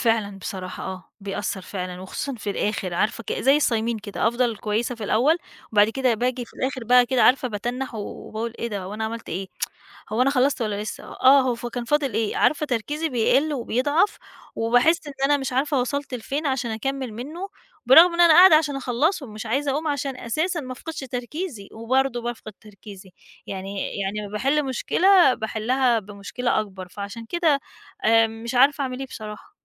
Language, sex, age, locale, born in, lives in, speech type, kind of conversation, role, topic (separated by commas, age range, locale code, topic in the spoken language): Arabic, female, 40-44, ar-EG, Egypt, Portugal, conversation, advice, user, إزاي أقدر آخد فواصل قصيرة في الشغل من غير ما أتأثر؟